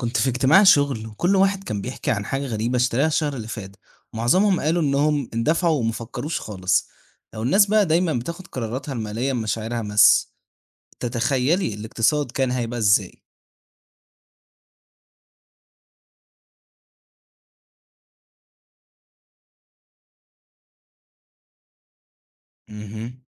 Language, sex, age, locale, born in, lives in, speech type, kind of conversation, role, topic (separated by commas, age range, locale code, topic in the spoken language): Arabic, male, 18-19, ar-EG, Egypt, Egypt, read, expert-assistant, asker, تخيل لو كان كل الناس بتاخد قراراتها المالية بناءً على مشاعرها بس، مش بالعقل. تفتكر الاقتصاد كان هيبقى عامل إزاي؟